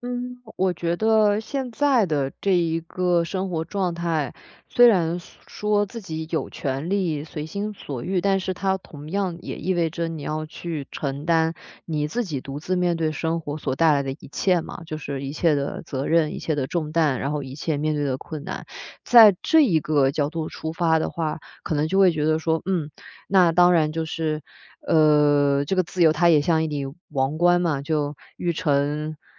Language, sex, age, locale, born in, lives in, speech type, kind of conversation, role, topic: Chinese, female, 25-29, zh-CN, China, Germany, conversation, podcast, guest, 你如何分辨外界的期待和自己内心的想法？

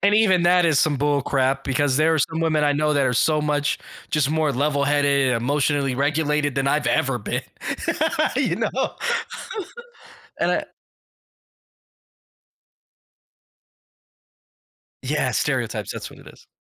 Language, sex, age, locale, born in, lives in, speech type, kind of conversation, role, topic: English, male, 35-39, en-US, United States, United States, conversation, podcast, host, How can sharing memories of those we've lost help us heal and stay connected?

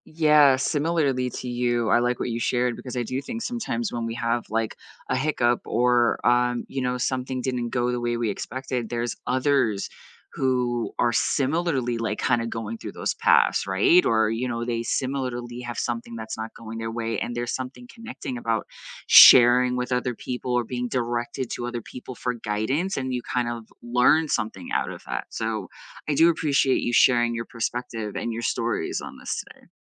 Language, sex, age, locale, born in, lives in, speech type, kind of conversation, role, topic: English, female, 40-44, en-US, United States, United States, conversation, unstructured, none, How do you handle failure when it happens?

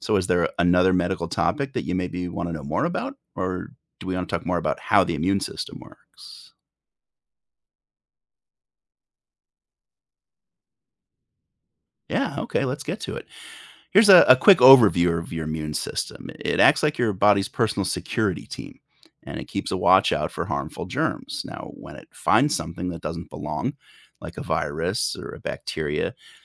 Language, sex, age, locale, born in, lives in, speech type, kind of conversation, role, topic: English, male, 45-49, en-US, United States, United States, read, expert-assistant, expert, How do vaccines differ from antibiotics?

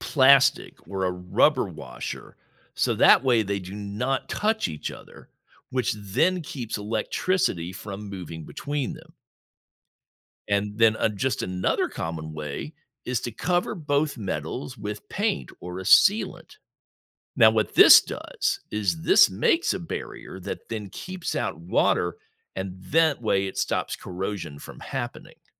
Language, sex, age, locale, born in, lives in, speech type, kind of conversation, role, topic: English, male, 60-64, en-US, United States, United States, read, expert-assistant, expert, Why do some metals rust while others do not?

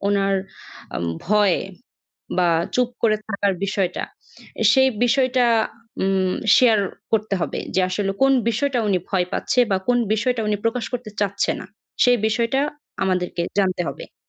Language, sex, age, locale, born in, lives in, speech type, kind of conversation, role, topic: Bengali, female, 20-24, bn-BD, Bangladesh, Bangladesh, conversation, advice, advisor, আমি কীভাবে নিজের আসল স্বভাব প্রকাশ করেও সবাইকে খুশি রাখতে পারি?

